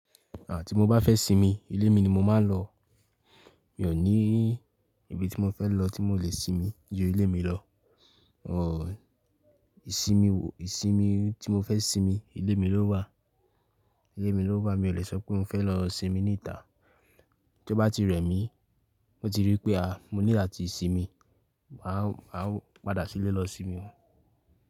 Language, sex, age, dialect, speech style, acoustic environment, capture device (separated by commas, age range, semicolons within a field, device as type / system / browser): Yoruba, male, 18-24, Nigeria - Ibadan, relaxed, silence, mobile / Linux / Chrome